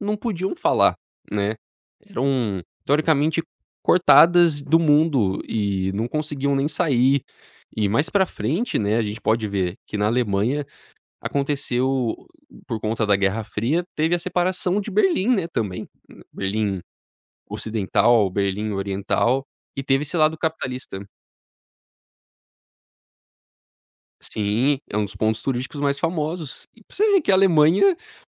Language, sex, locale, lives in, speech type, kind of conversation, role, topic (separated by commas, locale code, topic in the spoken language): Portuguese, male, pt-BR, United States, conversation, podcast, guest, Qual filme mudou a sua visão de mundo?